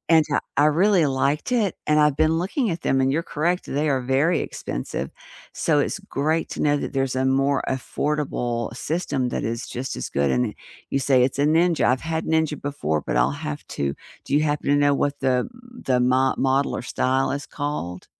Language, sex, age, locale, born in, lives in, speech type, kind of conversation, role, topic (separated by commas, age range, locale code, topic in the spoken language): English, female, 60-64, en-US, United States, United States, conversation, unstructured, none, Which small, everyday habits instantly lift your mood, and how can we support each other in sharing them?